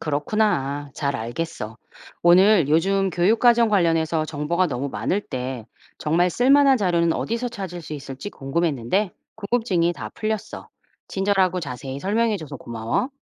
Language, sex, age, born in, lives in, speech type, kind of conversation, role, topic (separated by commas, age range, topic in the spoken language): Korean, female, 45-49, South Korea, United States, read, expert-assistant, asker, 요즘 교육과정 관련해서 정보가 너무 많은데, 정말 쓸 만한 자료는 어디서 찾을 수 있을까요?